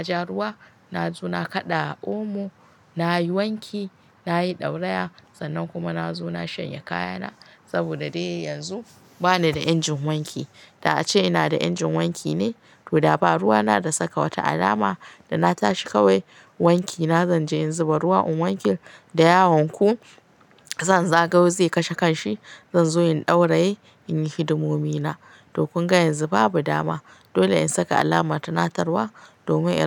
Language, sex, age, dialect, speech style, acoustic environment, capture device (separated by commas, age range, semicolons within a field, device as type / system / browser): Hausa, female, 35-44, Nigeria - Katsina, happy, silence, mobile / Linux / Chrome